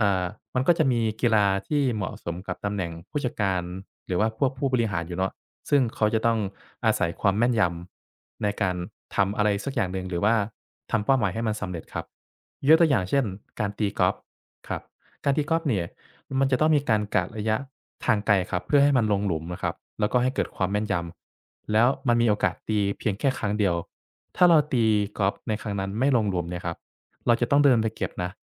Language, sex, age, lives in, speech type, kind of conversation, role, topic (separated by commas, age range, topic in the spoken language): Thai, male, 25-29, Thailand, conversation, advice, advisor, คุณเครียดเพราะทำงานไม่ทันกำหนดเวลาหรือเปล่า?